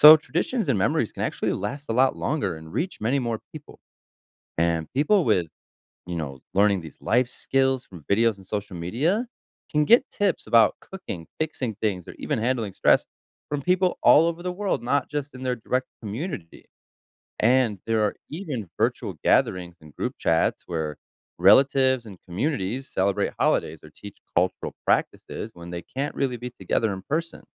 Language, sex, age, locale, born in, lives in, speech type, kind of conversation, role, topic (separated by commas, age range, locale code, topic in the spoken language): English, male, 30-34, en-US, United States, United States, read, expert-assistant, expert, How is knowledge shared between generations?